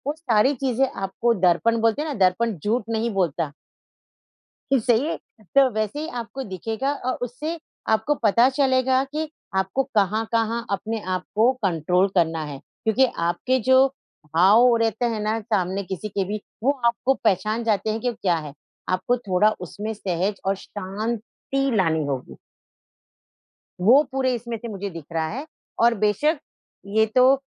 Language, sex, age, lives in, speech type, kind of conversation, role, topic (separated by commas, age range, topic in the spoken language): Hindi, female, 50-54, India, conversation, advice, advisor, परिवार के साथ रहते हुए रिश्तों में तनाव संभालना और अपनी सीमाएँ तय करना मेरे लिए इतना मुश्किल क्यों हो रहा है?